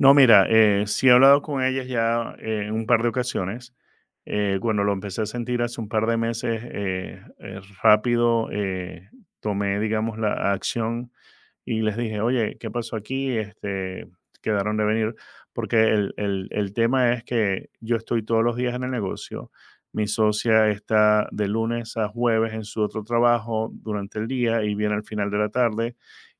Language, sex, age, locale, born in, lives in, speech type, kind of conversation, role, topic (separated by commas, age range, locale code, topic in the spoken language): Spanish, male, 50-54, es-VE, Venezuela, United States, conversation, advice, user, ¿Cómo vives la soledad y la falta de apoyo emocional al dirigir tu empresa?